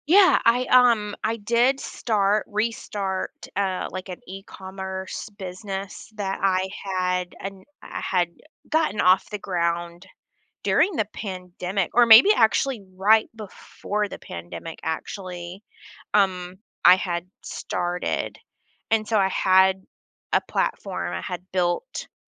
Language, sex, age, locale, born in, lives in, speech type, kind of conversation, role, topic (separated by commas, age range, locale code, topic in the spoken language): English, female, 35-39, en-US, United States, United States, conversation, advice, user, How can I manage financial stress and create a simple plan to feel more secure?